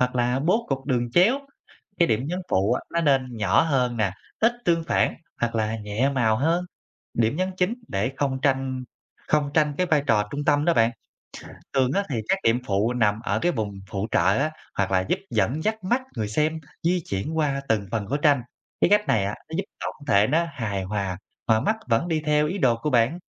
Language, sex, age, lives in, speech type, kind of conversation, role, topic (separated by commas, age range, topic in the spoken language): Vietnamese, male, 25-29, Vietnam, read, expert-assistant, expert, Làm sao để lập kế hoạch và hoàn thiện tranh kỹ thuật số hiệu quả?